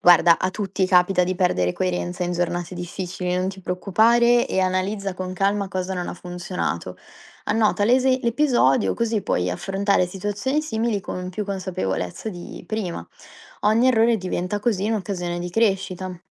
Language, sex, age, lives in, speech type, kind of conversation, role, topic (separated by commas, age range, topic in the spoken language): Italian, female, 20-24, Italy, read, expert-assistant, expert, Come si può imparare a pensare in modo strategico nelle decisioni di lavoro quotidiane?